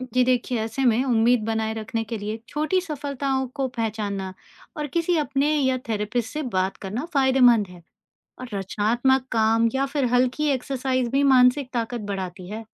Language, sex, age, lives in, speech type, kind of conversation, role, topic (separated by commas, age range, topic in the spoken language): Hindi, female, 40-44, India, read, expert-assistant, expert, अगर किसी का दिमाग बदल जाए (जैसे चोट लगने से), तो क्या वो इंसान वही रहता है?